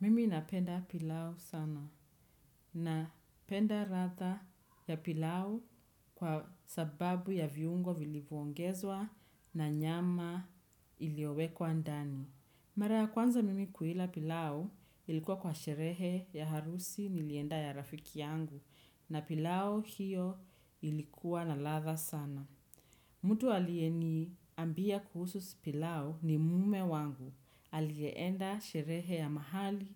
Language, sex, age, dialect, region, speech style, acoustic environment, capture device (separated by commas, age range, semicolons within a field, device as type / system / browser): Swahili, female, 25-34, Kenya - Nairobi Sheng-influenced, Kenya, relaxed, silence, desktop / Windows / Chrome